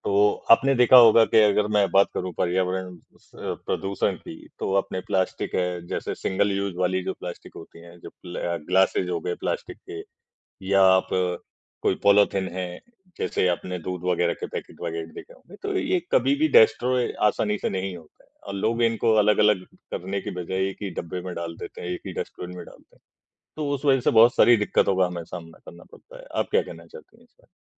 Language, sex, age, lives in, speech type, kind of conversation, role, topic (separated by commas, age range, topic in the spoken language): Hindi, male, 55-59, India, conversation, unstructured, none, क्या आप प्लास्टिक के इस्तेमाल को कम करने के पक्ष में हैं, और क्यों?